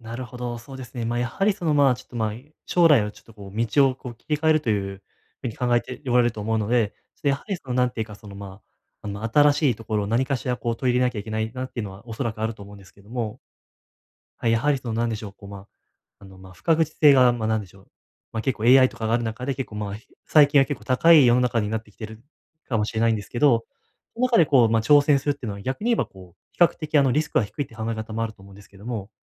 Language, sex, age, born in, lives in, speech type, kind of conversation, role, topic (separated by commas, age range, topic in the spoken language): Japanese, male, 20-24, Japan, Japan, conversation, advice, advisor, 将来への不安を計画に変える